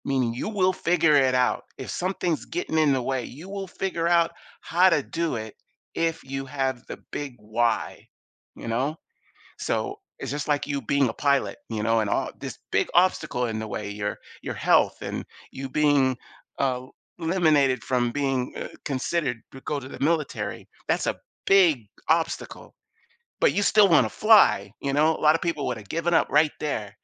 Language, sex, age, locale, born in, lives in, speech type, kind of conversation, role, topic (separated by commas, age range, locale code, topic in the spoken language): English, male, 55-59, en-US, United States, United States, conversation, podcast, guest, How can setbacks and mistakes help us grow and achieve our goals?